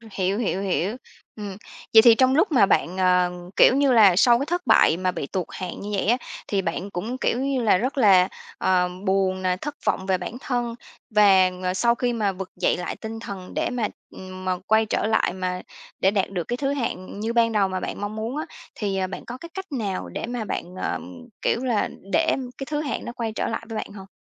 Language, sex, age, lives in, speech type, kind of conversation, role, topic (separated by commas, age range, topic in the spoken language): Vietnamese, female, 30-34, Vietnam, conversation, podcast, host, Bạn làm thế nào để không còn sợ thử lại sau khi thất bại?